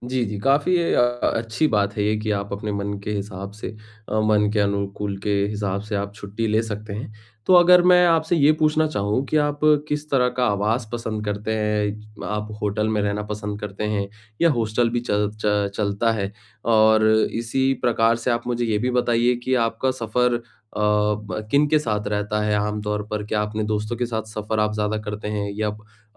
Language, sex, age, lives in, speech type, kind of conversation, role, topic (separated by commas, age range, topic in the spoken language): Hindi, male, 25-29, India, conversation, advice, advisor, कम पैसों में मजेदार यात्रा कैसे प्लान करूँ?